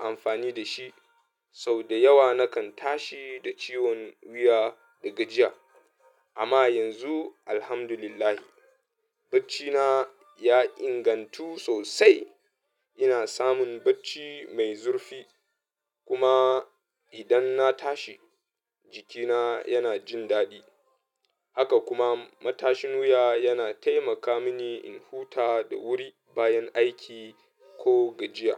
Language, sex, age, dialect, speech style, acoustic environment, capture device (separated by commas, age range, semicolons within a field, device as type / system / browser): Hausa, male, 25-34, Nigeria - Katsina, happy; anxious; nervous, music; people talking; appliances; traffic, mobile / Linux / Chrome